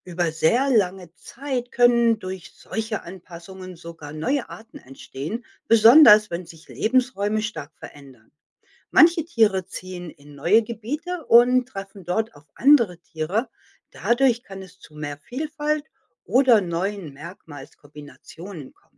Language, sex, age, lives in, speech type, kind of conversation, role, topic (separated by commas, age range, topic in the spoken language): German, female, 55-59, United States, read, expert-assistant, expert, Wie entstehen Jahreszeiten auf der Erde?